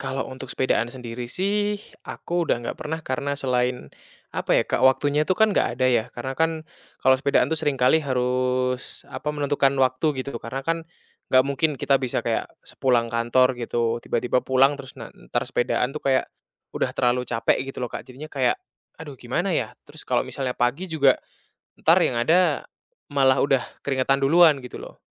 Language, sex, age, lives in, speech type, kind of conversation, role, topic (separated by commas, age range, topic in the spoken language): Indonesian, male, 20-24, Indonesia, conversation, podcast, guest, Apa momen yang membuat kamu mencoba lagi hobi tertentu?